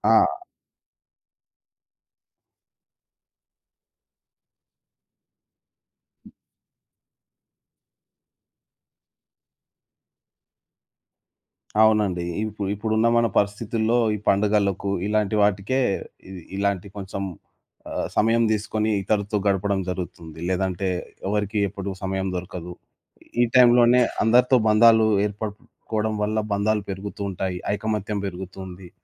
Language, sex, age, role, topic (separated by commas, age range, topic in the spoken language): Telugu, male, 25-29, guest, పండుగలను మీరు ఇంట్లో ఎలా జరుపుకుంటారు?